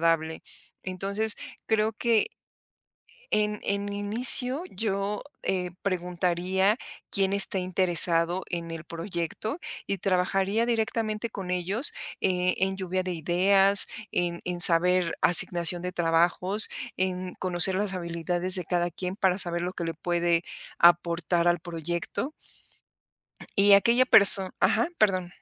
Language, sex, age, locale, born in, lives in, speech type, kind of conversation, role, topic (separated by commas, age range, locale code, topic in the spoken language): Spanish, female, 45-49, es-MX, Mexico, Mexico, conversation, podcast, guest, ¿Cómo manejas las diferencias de opinión al crear con otras personas?